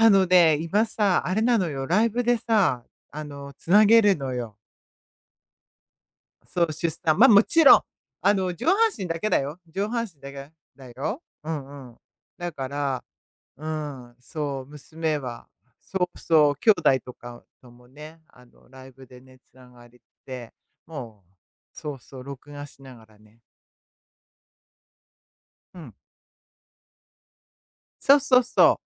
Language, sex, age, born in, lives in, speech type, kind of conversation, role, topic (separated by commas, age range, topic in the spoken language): Japanese, female, 55-59, Japan, United States, conversation, unstructured, none, 家族と過ごした思い出の中で、特に印象に残っている出来事は何ですか？